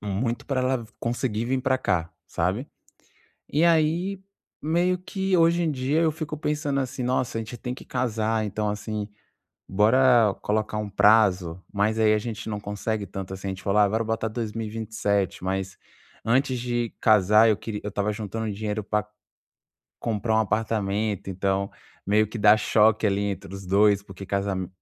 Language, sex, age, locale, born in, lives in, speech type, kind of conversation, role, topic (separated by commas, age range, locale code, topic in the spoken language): Portuguese, male, 25-29, pt-BR, Brazil, France, conversation, advice, user, Como posso lidar com a ansiedade por não seguir o cronograma esperado da vida, como casar e progredir na carreira?